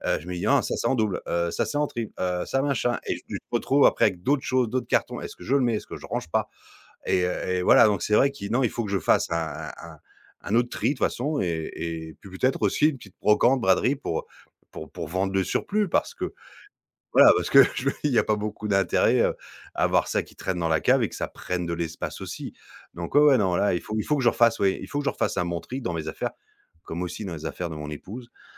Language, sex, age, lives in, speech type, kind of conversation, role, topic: French, male, 40-44, France, conversation, advice, user, Comment puis-je mieux m’organiser pour arrêter de perdre du temps à chercher mes affaires sans tout jeter ?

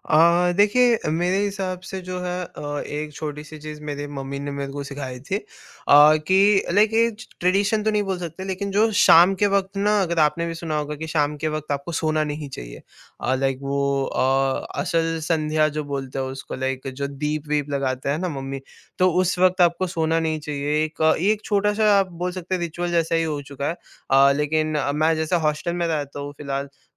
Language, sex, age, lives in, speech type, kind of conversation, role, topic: Hindi, male, 18-19, India, conversation, podcast, guest, Kaunsi parampara aap bhavishya mein apne bachchon ko sikhana chahenge?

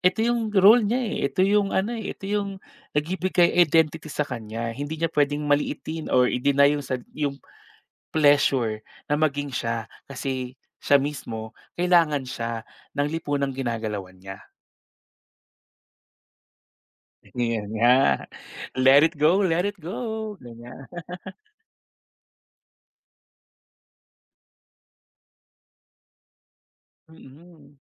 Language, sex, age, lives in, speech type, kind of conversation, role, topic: Filipino, male, 30-34, Philippines, conversation, podcast, guest, Alin sa mga tauhan sa pelikula ang pinakanakaantig sa iyo?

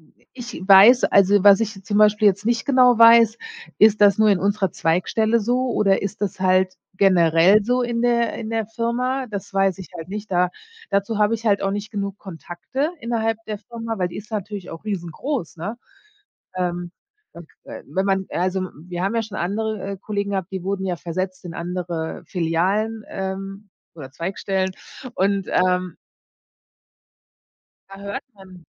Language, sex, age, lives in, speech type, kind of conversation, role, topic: German, female, 45-49, United States, conversation, advice, user, Wie kannst du eine schmerzhafte Rückmeldung im Mitarbeitergespräch beschreiben und damit umgehen?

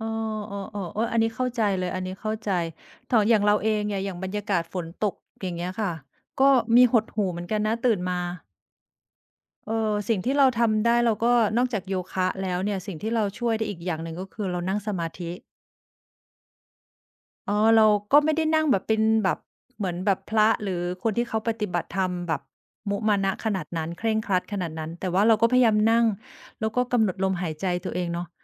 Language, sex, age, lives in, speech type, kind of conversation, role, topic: Thai, female, 45-49, Thailand, conversation, unstructured, none, คุณชอบเริ่มต้นวันของคุณอย่างไรบ้าง?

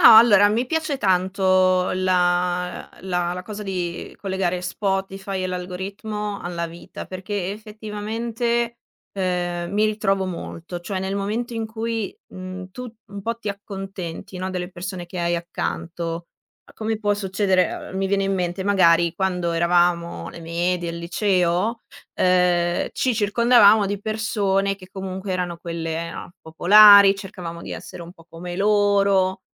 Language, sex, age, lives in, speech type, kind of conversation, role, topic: Italian, female, 25-29, Italy, conversation, podcast, guest, Come fai davvero a scoprire nuova musica e da dove inizi a cercarla?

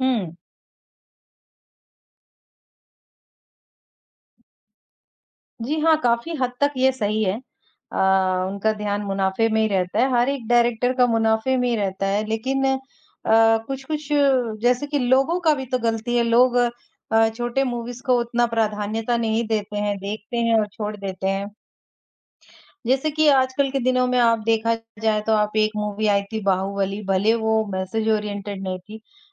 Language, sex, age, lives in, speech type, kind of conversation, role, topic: Hindi, male, 18-19, India, conversation, unstructured, none, क्या आपको लगता है कि आज की फिल्में सिर्फ पैसा कमाने के लिए बनाई जाती हैं?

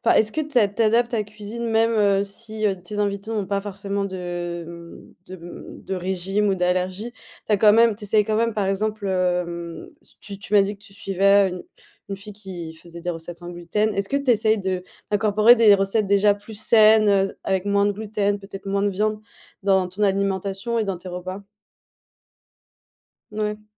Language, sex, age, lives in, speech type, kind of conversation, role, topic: French, female, 25-29, Germany, conversation, podcast, host, Comment gères-tu les régimes alimentaires et les allergies de tes invités ?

